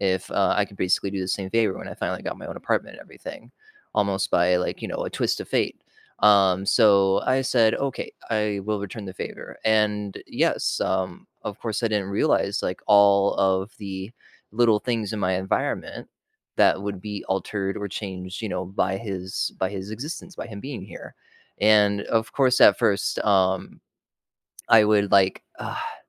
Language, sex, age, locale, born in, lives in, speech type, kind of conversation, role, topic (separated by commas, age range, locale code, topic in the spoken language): English, male, 35-39, en-US, United States, United States, conversation, unstructured, none, Why do minor disagreements sometimes turn into major conflicts?